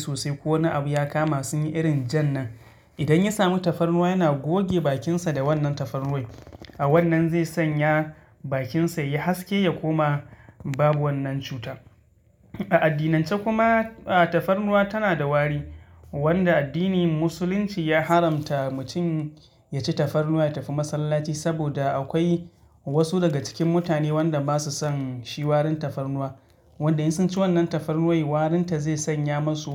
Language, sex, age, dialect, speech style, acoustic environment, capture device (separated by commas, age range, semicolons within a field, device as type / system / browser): Hausa, male, 25-34, Nigeria - Katsina, relaxed, silence, mobile / Linux / Chrome